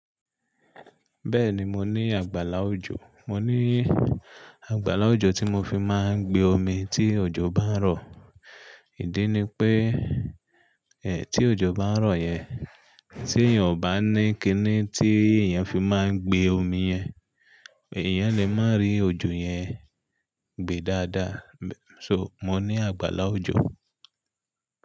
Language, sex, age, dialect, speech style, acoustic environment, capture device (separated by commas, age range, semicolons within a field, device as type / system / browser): Yoruba, male, 35-44, Nigeria - Ibadan, relaxed, nature, mobile / Linux / Chrome